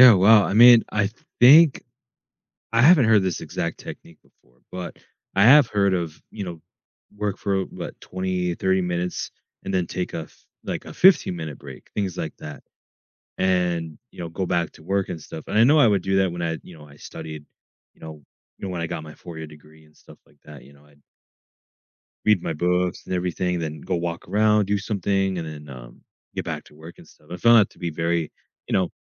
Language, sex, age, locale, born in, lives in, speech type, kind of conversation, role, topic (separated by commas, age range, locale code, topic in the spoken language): English, male, 20-24, en-US, United States, United States, conversation, podcast, host, How can small daily routines make a difference in our mental well-being?